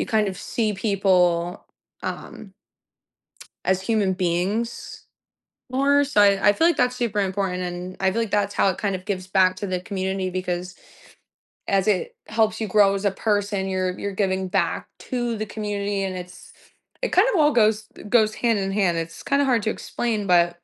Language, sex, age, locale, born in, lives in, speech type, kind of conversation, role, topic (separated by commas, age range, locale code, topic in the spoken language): English, female, 25-29, en-US, United States, United States, conversation, podcast, guest, What motivates people to volunteer, and how does it shape their lives and communities?